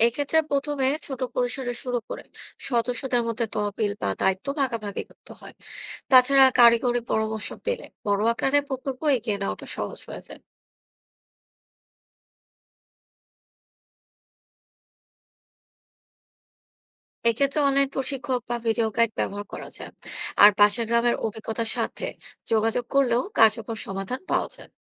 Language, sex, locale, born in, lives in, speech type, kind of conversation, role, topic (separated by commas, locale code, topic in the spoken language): Bengali, female, bn-BD, Bangladesh, Bangladesh, read, expert-assistant, expert, জলবায়ু পরিবর্তন মোকাবিলায় কোন উদ্যোগগুলোকে সবচেয়ে গুরুত্ব দেওয়া উচিত: বনায়ন, নবায়নযোগ্য জ্বালানি, না কি পরিবেশ সচেতনতা বৃদ্ধি?